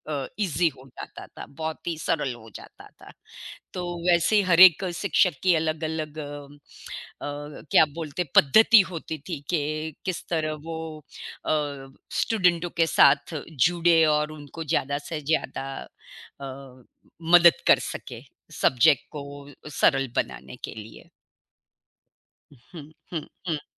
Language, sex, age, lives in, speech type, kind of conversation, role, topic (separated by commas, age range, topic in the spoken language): Hindi, female, 55-59, United States, conversation, unstructured, none, क्या आपको कभी किसी शिक्षक से प्रेरणा मिली है, और कैसे?